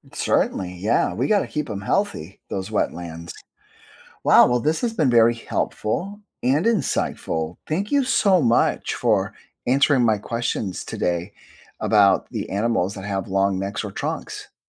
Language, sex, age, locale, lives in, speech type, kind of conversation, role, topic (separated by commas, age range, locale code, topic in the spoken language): English, male, 50-54, en-US, United States, read, expert-assistant, asker, Why do some animals have long necks or trunks?